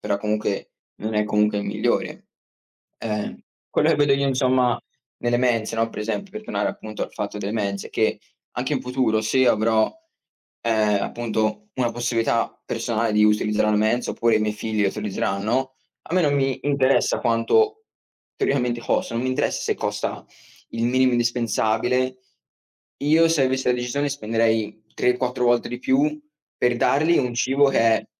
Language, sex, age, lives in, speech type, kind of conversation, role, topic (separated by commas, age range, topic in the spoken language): Italian, male, 20-24, United States, conversation, unstructured, none, Qual è la tua opinione sul cibo spazzatura nelle scuole?